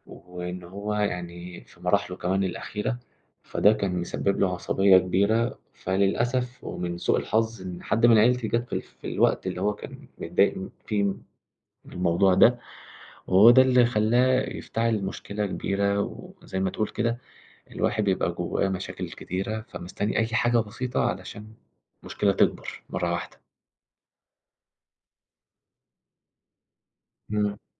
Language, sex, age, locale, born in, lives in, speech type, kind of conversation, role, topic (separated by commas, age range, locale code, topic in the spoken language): Arabic, male, 20-24, ar-EG, Egypt, Egypt, conversation, unstructured, none, إزاي بتتعامل مع موقف بيحتاج إنك تاخد قرار أخلاقي صعب؟